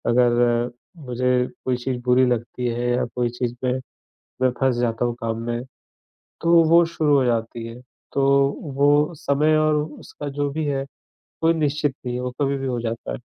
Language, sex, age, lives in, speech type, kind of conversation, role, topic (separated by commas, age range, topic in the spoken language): Hindi, male, 35-39, India, conversation, advice, user, पल भर में मानसिक शांति पाने के लिए मैं अभी क्या कर सकता हूँ?